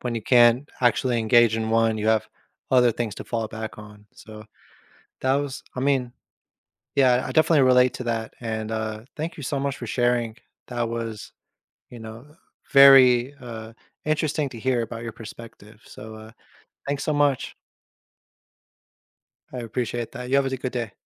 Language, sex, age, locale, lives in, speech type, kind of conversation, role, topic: English, male, 30-34, en-US, United States, conversation, podcast, host, What factors make a hobby meaningful or fulfilling in your life?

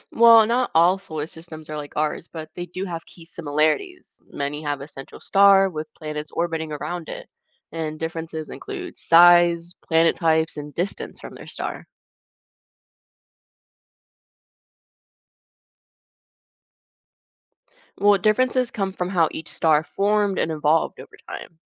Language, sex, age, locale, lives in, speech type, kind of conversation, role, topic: English, female, 20-24, en-US, United States, read, expert-assistant, expert, What is the significance of the solar system in our understanding of the universe?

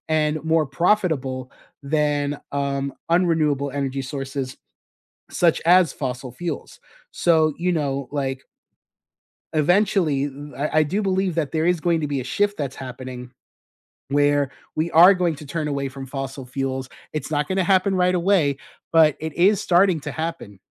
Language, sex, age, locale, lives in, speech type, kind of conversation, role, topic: English, male, 35-39, en-US, United States, conversation, unstructured, none, What makes you most angry about oil spills in the ocean?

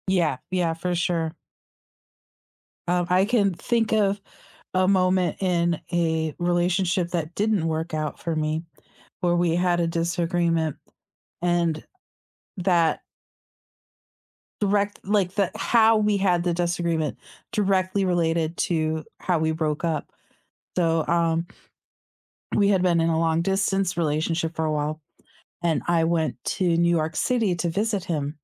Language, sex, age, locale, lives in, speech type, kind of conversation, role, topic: English, female, 40-44, en-US, United States, conversation, unstructured, none, How can arguments actually help a couple grow closer?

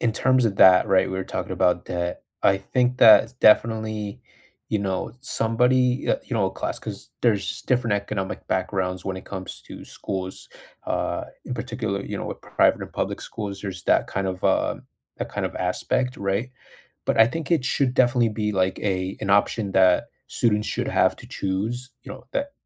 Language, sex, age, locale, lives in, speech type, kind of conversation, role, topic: English, male, 25-29, en-US, United States, conversation, unstructured, none, Which real-life skill do you wish schools had taught everyone, and why?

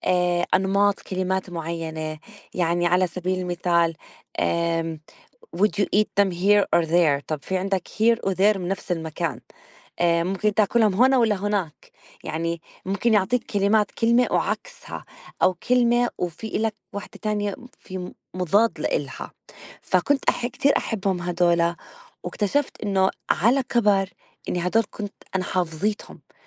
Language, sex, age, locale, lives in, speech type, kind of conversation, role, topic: Arabic, female, 35-39, ar-JO, United States, conversation, unstructured, none, إزاي القراية بتأثر على نموّك الشخصي؟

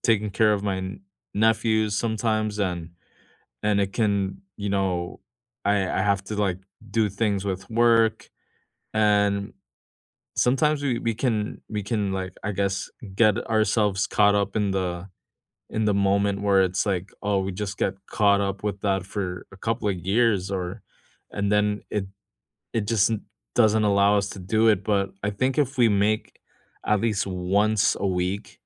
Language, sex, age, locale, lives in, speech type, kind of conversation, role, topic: English, male, 35-39, en-US, United States, conversation, unstructured, none, Which childhood hobbies would you revive today, and why?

